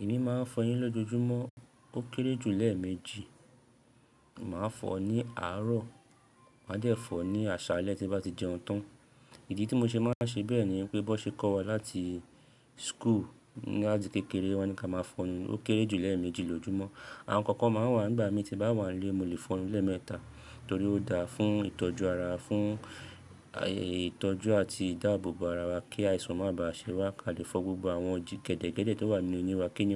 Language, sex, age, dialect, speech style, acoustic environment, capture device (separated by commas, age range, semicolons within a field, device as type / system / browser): Yoruba, male, 25-34, Nigeria - Lagos Yoruba, happy; excited; relaxed, people talking; nature, mobile / Linux / Chrome